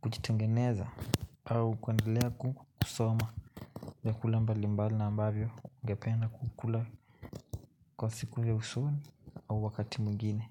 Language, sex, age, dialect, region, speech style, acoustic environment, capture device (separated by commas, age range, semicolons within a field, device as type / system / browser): Swahili, male, 18-24, Kenya - Nairobi Sheng-influenced, Kenya, tired; happy, silence, mobile / Linux / Chrome